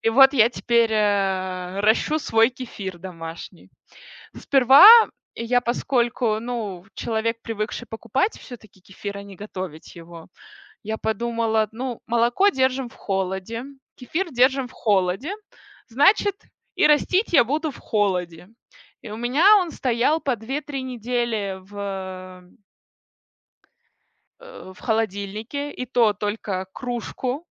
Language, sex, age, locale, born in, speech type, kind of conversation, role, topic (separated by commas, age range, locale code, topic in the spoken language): Russian, female, 30-34, ru-RU, Russia, conversation, podcast, guest, Как вы относитесь к ферментации и закваскам?